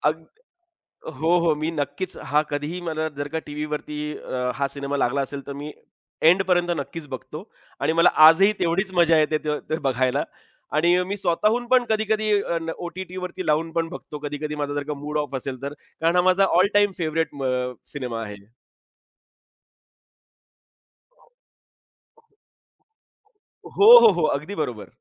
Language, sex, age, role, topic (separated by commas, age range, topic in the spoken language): Marathi, male, 35-39, guest, कुठल्या चित्रपटाचा प्रभाव तुझ्यावर आजही कायम आहे?